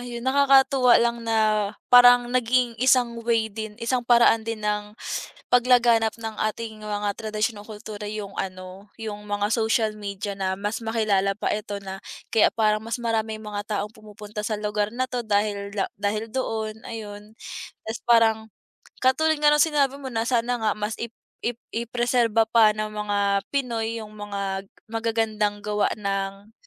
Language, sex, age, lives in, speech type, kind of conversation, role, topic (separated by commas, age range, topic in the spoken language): Filipino, female, 20-24, Philippines, conversation, unstructured, none, Bakit mahalaga ang sining sa kultura ng isang bansa?